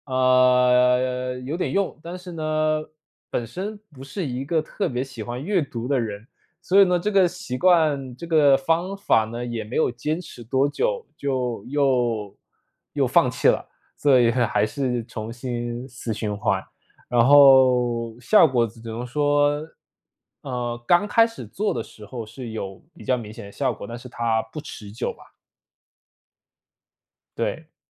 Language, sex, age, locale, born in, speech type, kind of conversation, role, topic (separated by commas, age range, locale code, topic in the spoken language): Chinese, male, 25-29, zh-CN, China, conversation, advice, user, 如何才能打破旧习惯的循环并真正改掉它？